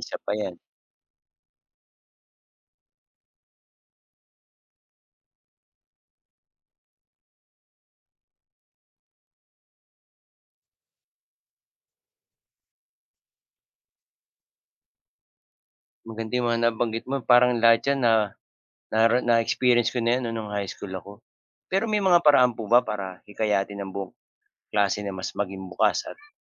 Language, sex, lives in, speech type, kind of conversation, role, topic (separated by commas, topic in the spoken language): Filipino, male, Philippines, read, expert-assistant, asker, Paano mapipigilan ang pagkalat ng tsismis sa paaralan?